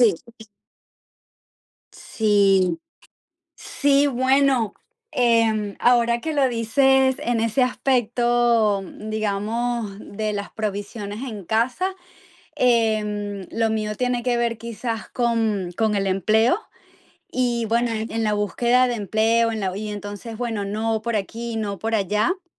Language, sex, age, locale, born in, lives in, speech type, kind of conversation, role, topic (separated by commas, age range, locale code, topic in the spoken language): Spanish, female, 45-49, es-VE, Venezuela, United States, conversation, unstructured, none, ¿Has sentido que una noticia positiva te ayudó a superar un mal día?